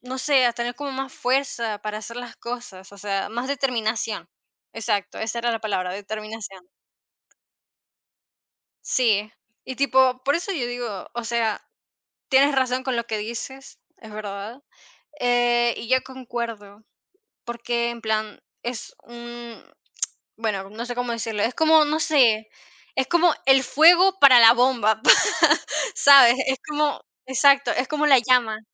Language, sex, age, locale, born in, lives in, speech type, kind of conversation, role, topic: Spanish, female, 50-54, es-VE, Venezuela, Portugal, conversation, unstructured, none, ¿Cómo crees que la música une a las personas?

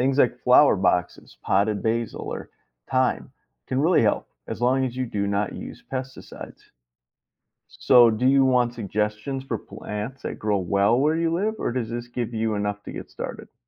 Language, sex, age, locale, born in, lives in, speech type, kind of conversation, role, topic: English, male, 40-44, en-US, United States, United States, read, expert-assistant, expert, What role do bees and other pollinators play in food production?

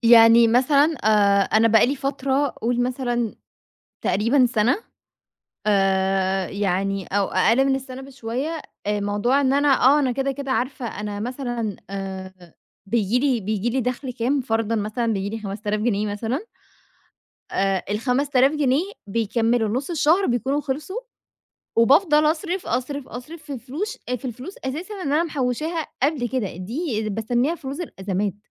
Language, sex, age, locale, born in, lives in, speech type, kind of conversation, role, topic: Arabic, female, 25-29, ar-EG, Egypt, Egypt, conversation, advice, user, إزاي أبطل أتجاوز الميزانية الشهرية على طول؟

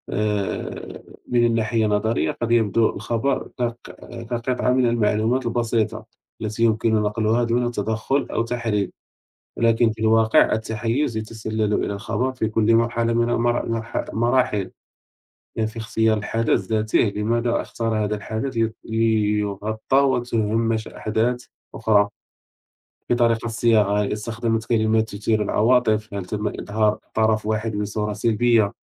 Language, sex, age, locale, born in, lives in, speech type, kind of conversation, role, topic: Arabic, male, 30-34, ar-MA, Morocco, France, conversation, unstructured, none, هل بتحس إن في تحيّز في تغطية الأخبار؟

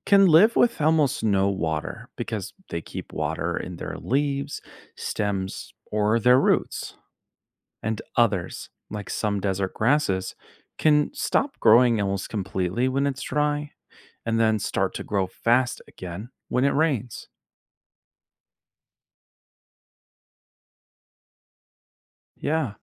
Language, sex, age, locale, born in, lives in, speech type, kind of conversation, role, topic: English, male, 25-29, en-US, United States, United States, read, expert-assistant, expert, How do plants make food from sunlight?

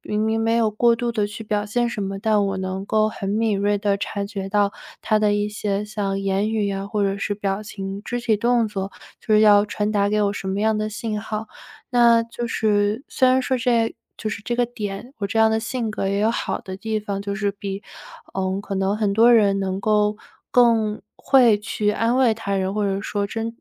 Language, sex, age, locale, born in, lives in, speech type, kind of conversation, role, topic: Chinese, female, 25-29, zh-CN, China, United States, conversation, advice, user, 你因为外表或体型感到自卑，这种感觉如何影响你的日常生活？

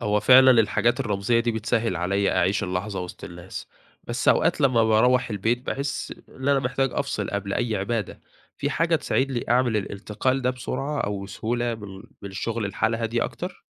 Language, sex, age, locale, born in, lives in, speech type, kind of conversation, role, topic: Arabic, male, 20-24, ar-EG, Egypt, Egypt, read, expert-assistant, asker, إزاي أقدر ألاقي وقت أمارس فيه العبادات أو الروحانيات وسط زحمة الشغل والحياة اليومية؟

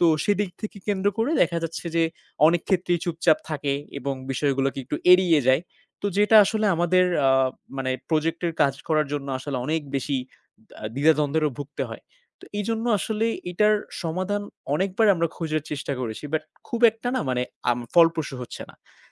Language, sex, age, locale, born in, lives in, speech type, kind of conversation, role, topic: Bengali, male, 20-24, bn-BD, Bangladesh, Bangladesh, conversation, advice, user, আমি কীভাবে নিজের আসল স্বভাব প্রকাশ করেও সবাইকে খুশি রাখতে পারি?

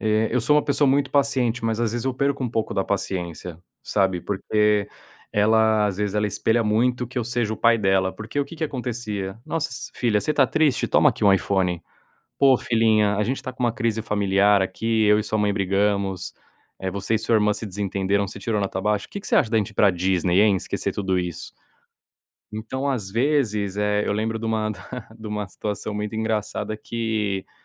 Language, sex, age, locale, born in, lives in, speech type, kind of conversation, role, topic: Portuguese, male, 30-34, pt-BR, Brazil, Spain, conversation, advice, user, Como você descreveria uma discussão com seu parceiro causada pela falta de comunicação?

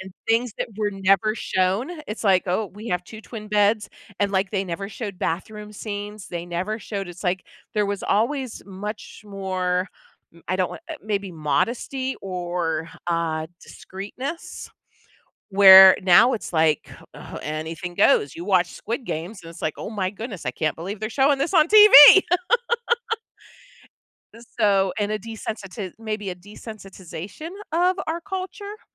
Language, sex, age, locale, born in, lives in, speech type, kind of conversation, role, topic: English, female, 45-49, en-US, United States, United States, conversation, podcast, guest, What makes certain TV shows resonate so strongly with audiences and shape popular culture?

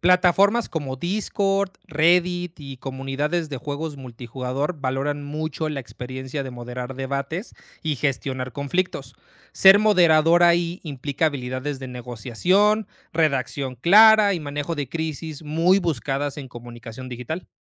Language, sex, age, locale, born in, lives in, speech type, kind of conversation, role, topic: Spanish, male, 30-34, es-MX, Mexico, Mexico, read, expert-assistant, expert, ¿Qué habilidades personales o profesionales puedo desarrollar a través de los videojuegos?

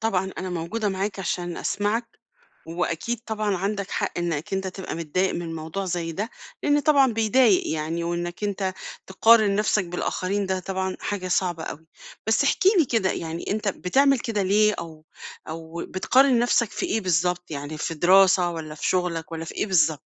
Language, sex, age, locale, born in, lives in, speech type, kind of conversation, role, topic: Arabic, female, 50-54, ar-EG, Egypt, Portugal, conversation, advice, advisor, إزاي أقيّم إنجازي بشكل عادل من غير ما أقارن نفسي بغيري؟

